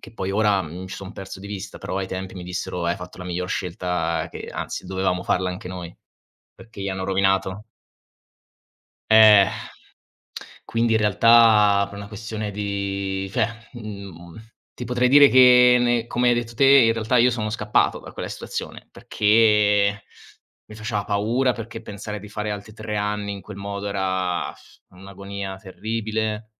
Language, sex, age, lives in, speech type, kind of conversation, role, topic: Italian, male, 25-29, Italy, conversation, unstructured, none, Che cosa ti spaventa quando pensi al sistema scolastico?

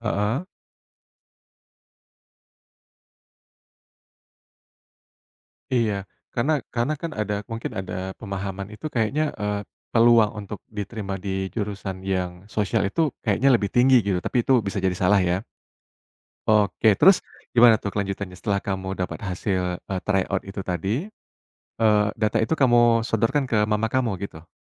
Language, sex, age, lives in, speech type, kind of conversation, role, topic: Indonesian, male, 35-39, Indonesia, conversation, podcast, host, Bagaimana cara menolak ekspektasi keluarga dengan sehat tanpa menyakiti perasaan mereka?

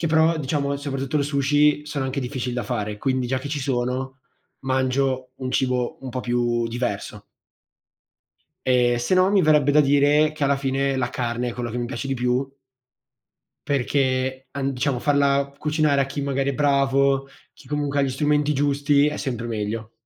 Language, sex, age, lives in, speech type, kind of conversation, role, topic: Italian, male, 18-19, Italy, conversation, unstructured, none, Ti piace cucinare insieme a qualcuno e com’è di solito l’esperienza?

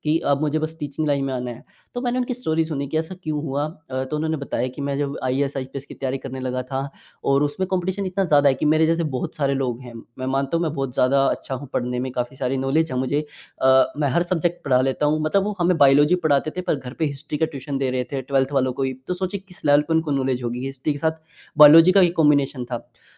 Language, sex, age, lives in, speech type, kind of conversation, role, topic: Hindi, male, 18-19, India, conversation, podcast, guest, आपके हिसाब से नई कौशल सीखकर करियर बदलना कैसा अनुभव होता है?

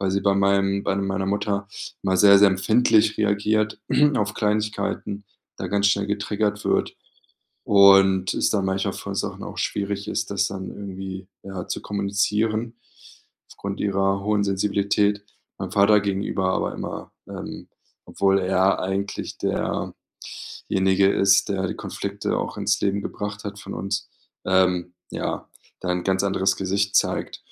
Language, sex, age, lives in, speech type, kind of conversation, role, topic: German, male, 30-34, Germany, conversation, advice, user, Wie kann ich wiederkehrende Streitigkeiten und Kommunikationsprobleme zwischen meinen Geschwistern verringern?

